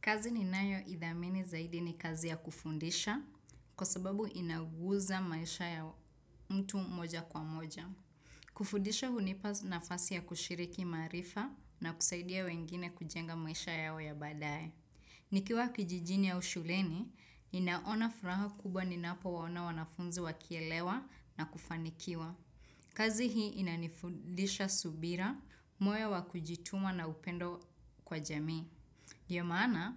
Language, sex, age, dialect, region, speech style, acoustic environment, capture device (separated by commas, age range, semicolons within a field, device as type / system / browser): Swahili, female, 25-34, Kenya - Nairobi Sheng-influenced, Kenya, happy; excited, nature, desktop / Windows / Chrome